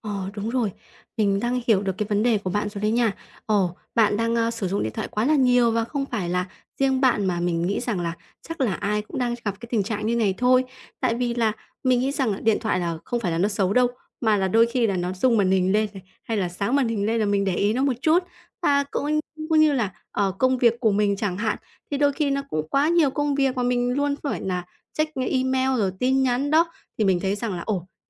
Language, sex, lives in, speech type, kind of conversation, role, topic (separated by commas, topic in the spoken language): Vietnamese, female, Vietnam, conversation, advice, advisor, Làm sao để không bị các thiết bị số làm phân tâm khi thư giãn?